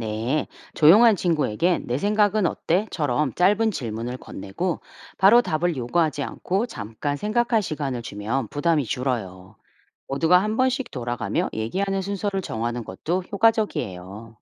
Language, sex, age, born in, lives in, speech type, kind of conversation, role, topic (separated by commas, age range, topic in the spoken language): Korean, female, 45-49, South Korea, United States, read, expert-assistant, expert, 동행자들의 다양한 취향을 반영해서 모두가 만족할 만한 여행 일정을 만들려면 어떻게 해야 할까요?